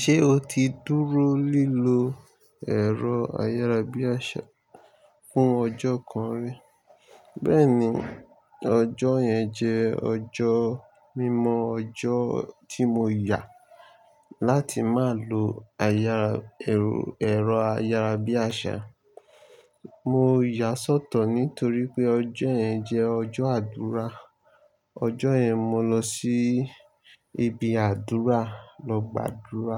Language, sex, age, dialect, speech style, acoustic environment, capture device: Yoruba, male, 25-34, Nigeria - Lagos Yoruba, happy; excited; nervous, nature, mobile / Linux / Chrome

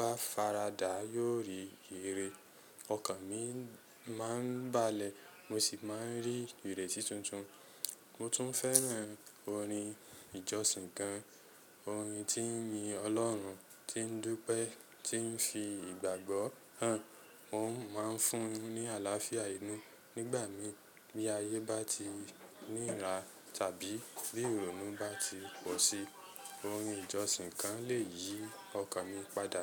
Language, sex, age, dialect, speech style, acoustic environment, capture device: Yoruba, male, 25-34, Nigeria - Lagos Yoruba, excited, silence, mobile / Linux / Chrome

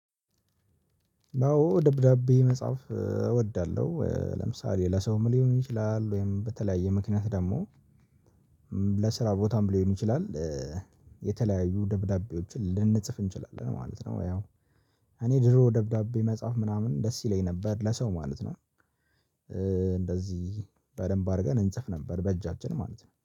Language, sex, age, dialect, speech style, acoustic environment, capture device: Amharic, male, 18-24, Ethiopia - Addis Ababa, relaxed, silence, mobile / Linux / Chrome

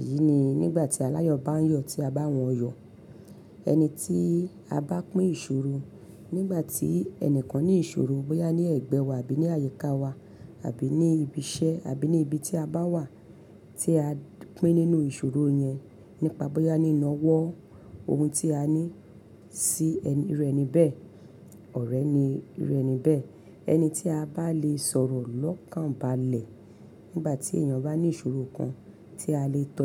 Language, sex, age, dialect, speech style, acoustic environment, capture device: Yoruba, female, 35-44, Nigeria - Lagos Yoruba, happy; excited; focused, appliances, mobile / Linux / Chrome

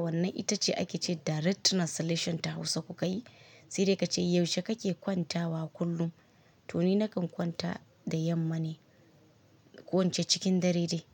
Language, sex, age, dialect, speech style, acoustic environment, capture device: Hausa, female, 25-34, Nigeria - Kano, relaxed, nature, mobile / Linux / Chrome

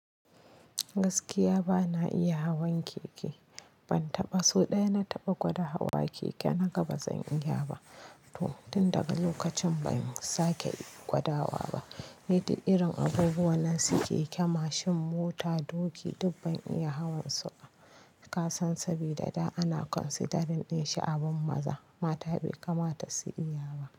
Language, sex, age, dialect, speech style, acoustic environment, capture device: Hausa, female, 25-34, Nigeria - Kano, relaxed, nature, mobile / Linux / Chrome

